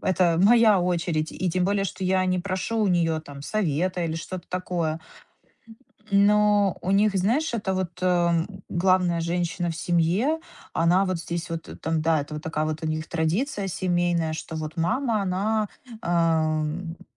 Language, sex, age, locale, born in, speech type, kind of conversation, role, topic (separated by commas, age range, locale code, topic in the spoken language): Russian, female, 30-34, ru-RU, Ukraine, conversation, advice, user, Как мне совмещать семейные традиции с моими личными ценностями?